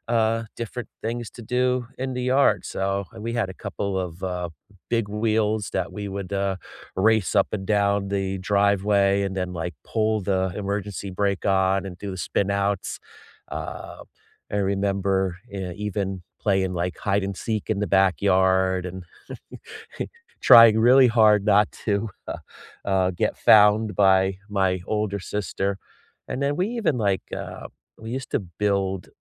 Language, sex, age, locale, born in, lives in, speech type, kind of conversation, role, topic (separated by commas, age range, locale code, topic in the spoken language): English, male, 50-54, en-US, United States, United States, conversation, unstructured, none, What childhood game or pastime do you still secretly love?